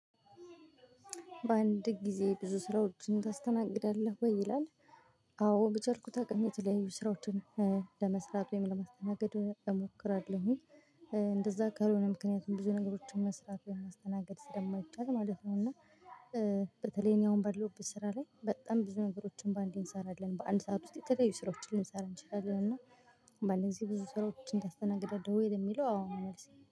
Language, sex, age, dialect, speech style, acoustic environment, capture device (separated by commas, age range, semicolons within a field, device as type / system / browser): Amharic, female, 25-34, Ethiopia - Gojjam, relaxed; excited; happy, silence, mobile / Linux / Chrome